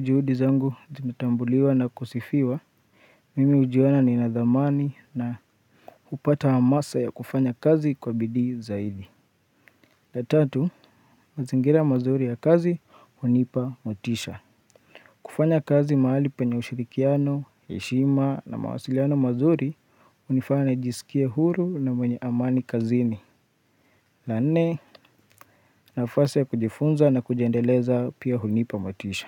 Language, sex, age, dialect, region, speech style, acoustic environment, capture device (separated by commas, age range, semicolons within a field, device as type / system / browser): Swahili, male, 25-34, Kenya - Nairobi Sheng-influenced, Kenya, focused, silence, mobile / Linux / Chrome